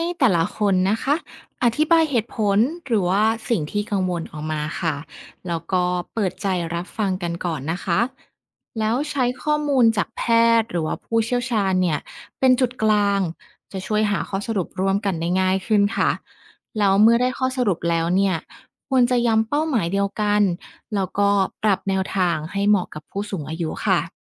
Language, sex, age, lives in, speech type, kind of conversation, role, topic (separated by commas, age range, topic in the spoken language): Thai, female, 30-34, Thailand, read, expert-assistant, expert, จะช่วยให้ผู้สูงอายุมีส่วนร่วมในการดูแลสุขภาพได้อย่างไร?